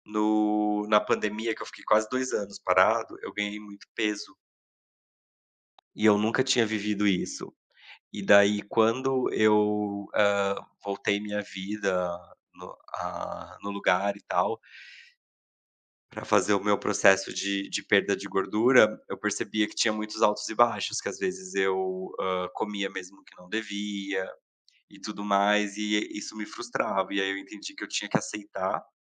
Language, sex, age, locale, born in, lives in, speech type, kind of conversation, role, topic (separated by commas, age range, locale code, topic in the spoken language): Portuguese, male, 30-34, pt-BR, Brazil, Portugal, conversation, podcast, guest, O que faz você trocar o perfeccionismo pelo progresso?